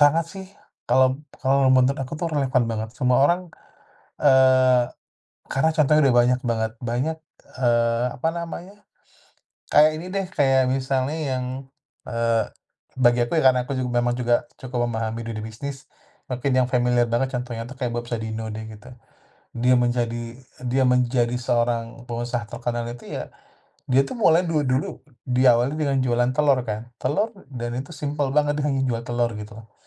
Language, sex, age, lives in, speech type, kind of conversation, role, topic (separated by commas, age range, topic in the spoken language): Indonesian, male, 35-39, Indonesia, conversation, podcast, guest, Apa langkah kecil yang bisa dilakukan setiap orang mulai hari ini?